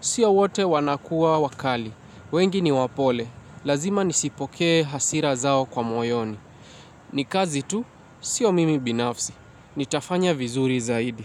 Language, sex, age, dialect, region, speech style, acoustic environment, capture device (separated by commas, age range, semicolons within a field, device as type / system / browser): Swahili, male, 18-24, Kenya - Mombasa, Kenya, happy, nature, mobile / Linux / Chrome